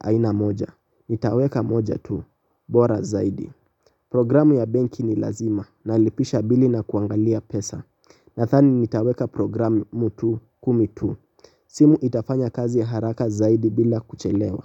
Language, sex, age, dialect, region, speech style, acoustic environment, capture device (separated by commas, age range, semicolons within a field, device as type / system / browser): Swahili, male, 18-24, Kenya - Mombasa, Kenya, relaxed, appliances, mobile / Linux / Chrome